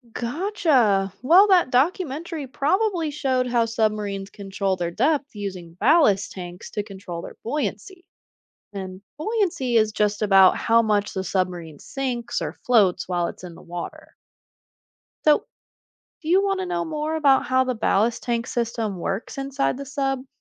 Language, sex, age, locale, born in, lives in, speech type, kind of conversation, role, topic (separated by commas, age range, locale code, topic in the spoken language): English, female, 25-29, en-US, United States, United States, read, expert-assistant, expert, How does a submarine dive and surface?